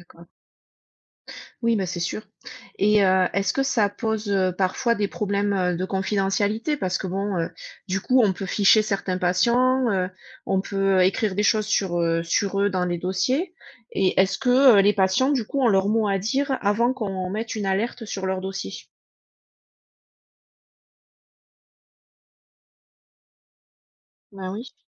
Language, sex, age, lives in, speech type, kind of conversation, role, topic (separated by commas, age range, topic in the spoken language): French, female, 40-44, United States, read, expert-assistant, asker, Avoir plus d’administratif dans les hôpitaux, est-ce que ça aide ou ça complique la vie des patients et des soignants ?